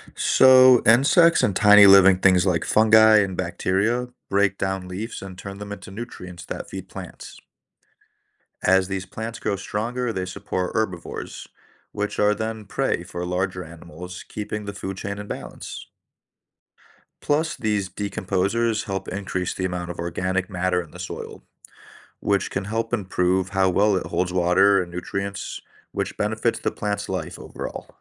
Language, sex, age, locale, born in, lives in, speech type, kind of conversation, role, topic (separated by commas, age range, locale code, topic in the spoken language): English, male, 30-34, en-US, United States, United States, read, expert-assistant, expert, Why do leaves change color in the fall?